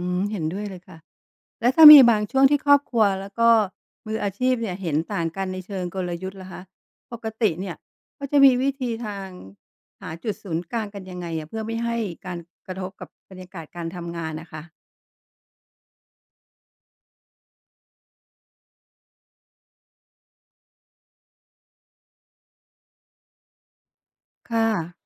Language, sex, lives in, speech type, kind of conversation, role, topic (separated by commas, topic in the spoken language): Thai, female, Thailand, read, expert-assistant, asker, คนไทยนิยมทำธุรกิจแบบครอบครัวเพราะอะไร และวิธีคิดนี้มีผลต่อกลยุทธ์ยังไง